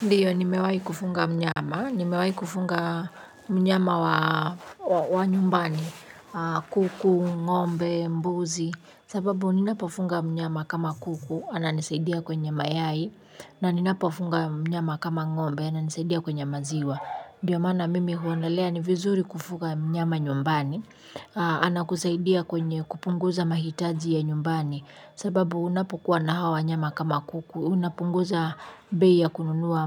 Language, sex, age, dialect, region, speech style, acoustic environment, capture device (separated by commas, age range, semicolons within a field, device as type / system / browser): Swahili, female, 35-44, Kenya - Nairobi Sheng-influenced, Kenya, happy, people talking, mobile / Linux / Chrome